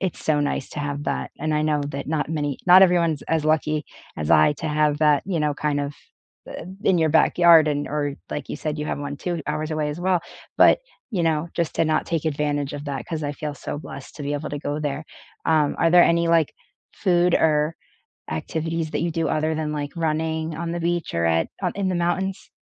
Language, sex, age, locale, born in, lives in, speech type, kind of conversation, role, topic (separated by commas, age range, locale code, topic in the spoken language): English, female, 25-29, en-US, United States, United States, conversation, unstructured, none, What are your favorite two-hour weekend getaways near where you live, and what memories do you associate with them?